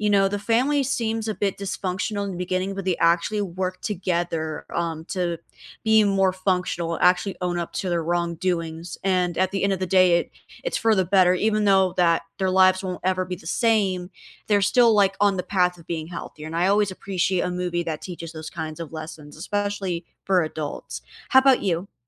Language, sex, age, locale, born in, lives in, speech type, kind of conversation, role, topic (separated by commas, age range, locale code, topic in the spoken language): English, female, 20-24, en-US, United States, United States, conversation, unstructured, none, What is your go-to comfort movie or TV show, and why?